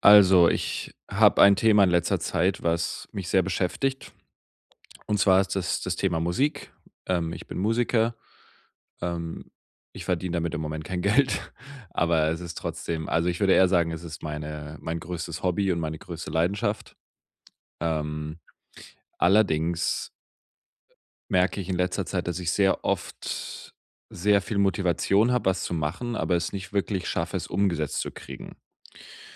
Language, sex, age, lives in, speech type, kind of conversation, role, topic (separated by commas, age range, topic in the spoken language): German, male, 25-29, Germany, conversation, advice, user, Wie kann ich meine Kreativität mit festen Beschränkungen wieder anregen?